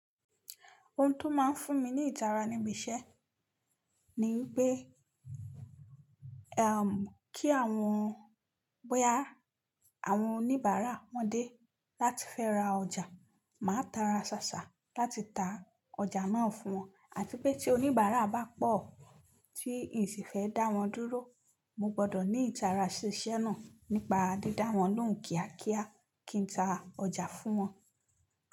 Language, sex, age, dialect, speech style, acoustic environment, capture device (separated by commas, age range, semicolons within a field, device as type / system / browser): Yoruba, female, 25-34, Nigeria - Nigerian Standard, happy, silence, mobile / Linux / Chrome